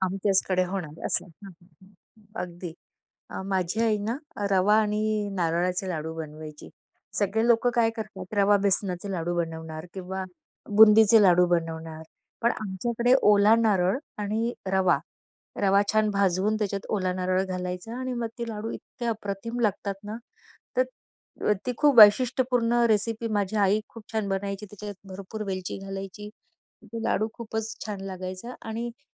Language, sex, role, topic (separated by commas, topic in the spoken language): Marathi, female, guest, सणानिमित्त घरात बनवलेल्या मिष्टान्नांबद्दल तुमच्या कोणत्या आठवणी आहेत?